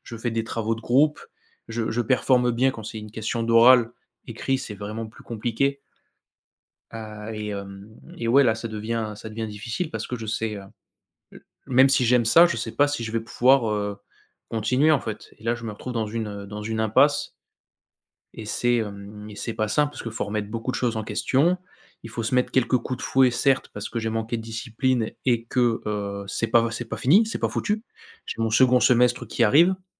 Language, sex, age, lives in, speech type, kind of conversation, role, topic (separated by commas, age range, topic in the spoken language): French, male, 25-29, France, conversation, advice, user, Quels doutes te viennent après un mauvais résultat scolaire ou une mauvaise expérience en formation professionnelle ?